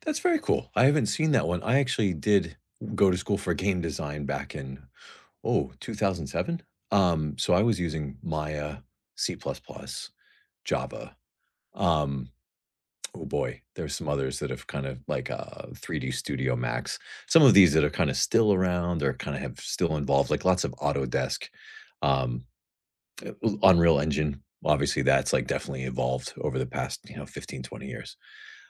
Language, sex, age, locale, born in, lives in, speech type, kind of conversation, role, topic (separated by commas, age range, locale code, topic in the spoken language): English, male, 40-44, en-US, United States, United States, conversation, unstructured, none, What habit are you proud of sticking with, and what helped you keep it going?